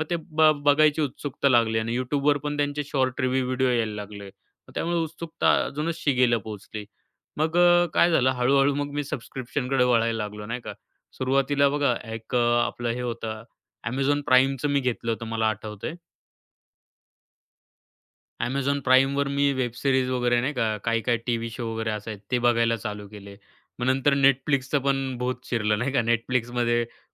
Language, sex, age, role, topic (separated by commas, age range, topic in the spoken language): Marathi, male, 25-29, guest, सदस्यता सेवांमुळे तुमच्या निवडींमध्ये काय बदल झाले आहेत?